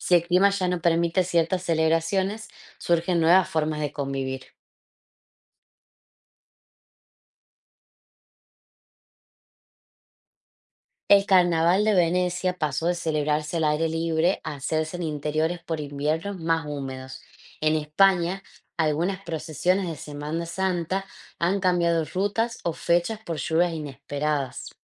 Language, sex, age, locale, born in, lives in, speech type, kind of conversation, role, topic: Spanish, female, 25-29, es-AR, Argentina, Netherlands, read, expert-assistant, expert, Imagina que puedes controlar el clima por un día en tu ciudad. ¿Qué harías y por qué?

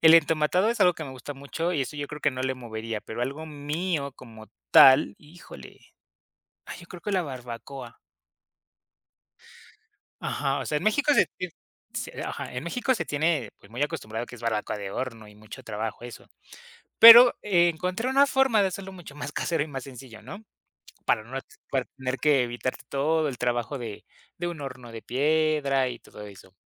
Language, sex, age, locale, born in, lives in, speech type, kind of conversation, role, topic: Spanish, male, 25-29, es-MX, Mexico, Mexico, conversation, podcast, guest, ¿Qué plato te recuerda a tu infancia?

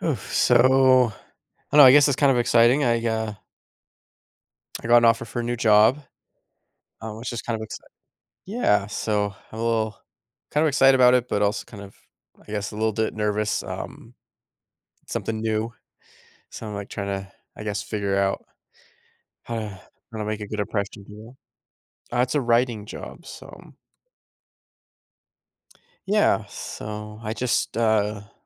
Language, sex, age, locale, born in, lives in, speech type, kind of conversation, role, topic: English, male, 30-34, en-US, United States, United States, conversation, advice, user, How can I manage nerves before starting a new job?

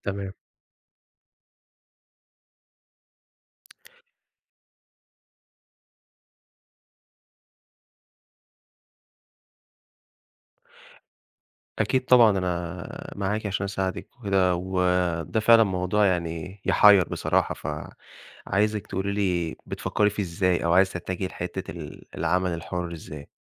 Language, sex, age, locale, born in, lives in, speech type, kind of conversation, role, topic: Arabic, male, 25-29, ar-EG, Egypt, Egypt, conversation, advice, advisor, إزاي أختار بين إني أكمّل في شغلانة مستقرة ولا أبدأ شغل حر؟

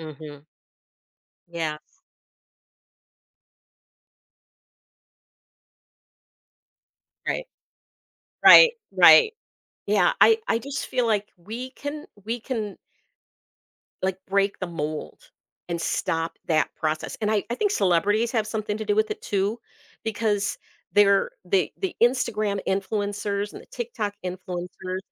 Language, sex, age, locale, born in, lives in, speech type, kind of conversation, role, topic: English, female, 55-59, en-US, United States, United States, conversation, podcast, host, How does spending time on social media influence the way we view ourselves and others?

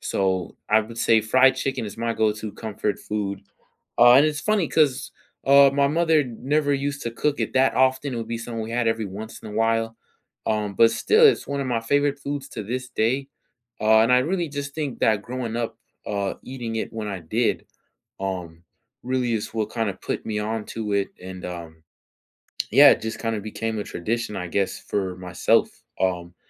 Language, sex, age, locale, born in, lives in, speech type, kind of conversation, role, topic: English, male, 18-19, en-US, United States, United States, conversation, unstructured, none, What is your go-to comfort food, and what is the story behind it?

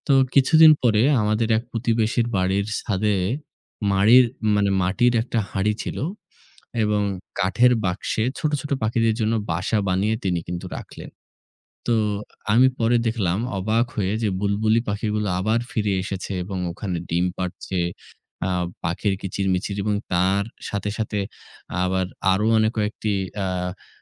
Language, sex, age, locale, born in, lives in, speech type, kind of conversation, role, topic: Bengali, male, 25-29, bn-BD, Bangladesh, Bangladesh, conversation, unstructured, none, পাখিদের বাসস্থান ধ্বংস হওয়ার কথা ভাবলে আপনার কেমন লাগে?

